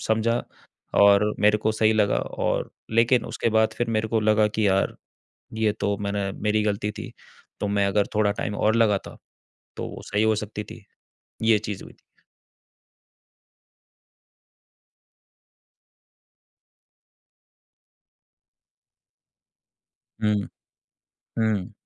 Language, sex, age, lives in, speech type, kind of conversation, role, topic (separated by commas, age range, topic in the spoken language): Hindi, male, 25-29, India, conversation, advice, user, ब्रेकअप के बाद भावनात्मक रूप से स्वतंत्र होकर आत्म-दया कैसे विकसित करूँ?